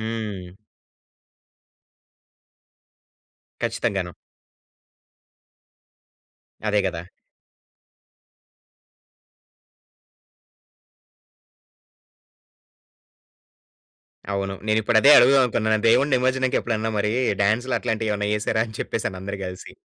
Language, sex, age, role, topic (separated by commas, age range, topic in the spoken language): Telugu, male, 25-29, host, పక్కింటి వాళ్లతో కలిసి మీరు జరిపిన చిన్న పండుగ లేదా వేడుక గురించి చెప్పగలరా?